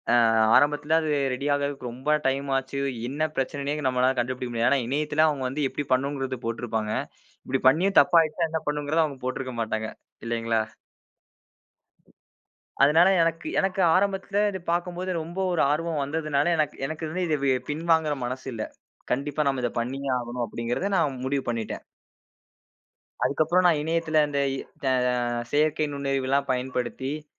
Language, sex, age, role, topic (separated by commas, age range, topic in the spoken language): Tamil, male, 20-24, guest, ஒரு திட்டம் தோல்வியடைந்தால், நீங்கள் எப்படி மீண்டு வருவீர்கள்?